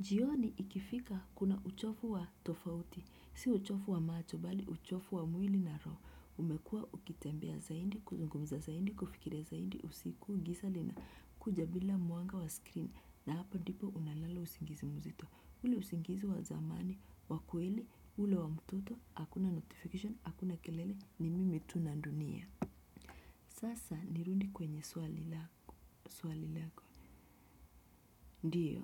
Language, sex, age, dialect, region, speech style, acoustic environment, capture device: Swahili, female, 25-34, Kenya - Nairobi Sheng-influenced, Kenya, happy; focused, music; nature, desktop / Windows / Chrome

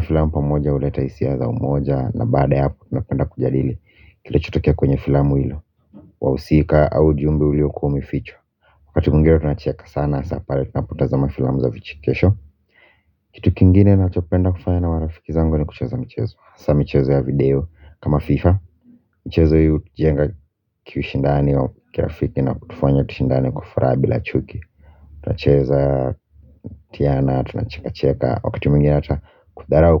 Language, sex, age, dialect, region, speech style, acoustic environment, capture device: Swahili, male, 25-34, Kenya - Nairobi Sheng-influenced, Kenya, relaxed, music; construction; silence, mobile / Linux / Chrome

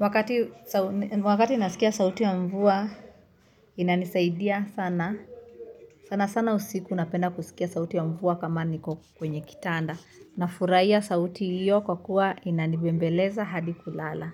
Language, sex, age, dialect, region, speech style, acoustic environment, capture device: Swahili, female, 25-34, Kenya - Nairobi Sheng-influenced, Kenya, nervous; happy; focused, music; nature; appliances, mobile / Linux / Chrome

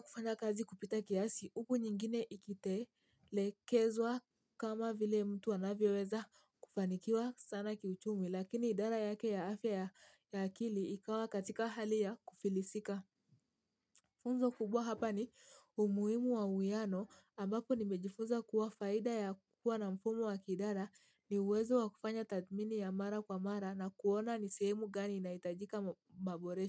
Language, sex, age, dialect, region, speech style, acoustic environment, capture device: Swahili, female, 18-24, Kenya - Mombasa, Kenya, happy; anxious, silence, mobile / Linux / Chrome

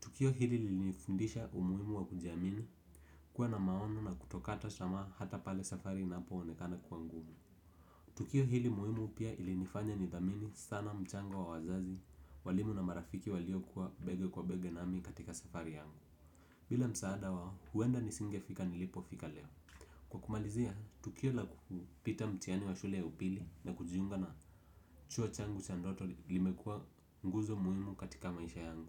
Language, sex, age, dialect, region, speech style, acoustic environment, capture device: Swahili, male, 18-24, Kenya - Nairobi Sheng-influenced, Kenya, relaxed; focused; happy, silence, desktop / Windows / Edge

